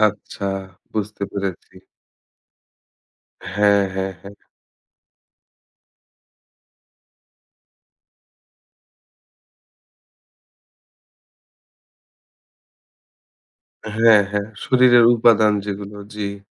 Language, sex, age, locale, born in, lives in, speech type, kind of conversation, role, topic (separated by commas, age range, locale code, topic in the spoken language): Bengali, male, 30-34, bn-BD, Bangladesh, Bangladesh, conversation, advice, advisor, বারবার ক্লান্তি ও বার্নআউট অনুভব করছি